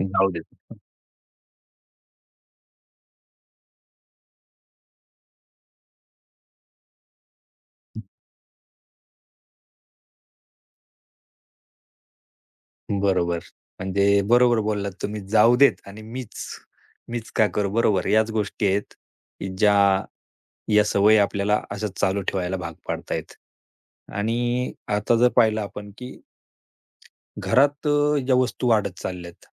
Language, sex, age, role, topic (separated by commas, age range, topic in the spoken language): Marathi, male, 35-39, host, दैनंदिन आयुष्यात प्लास्टिकचा वापर कमीत कमी करण्यासाठी कोणते सोपे आणि व्यवहार्य उपाय करता येतील?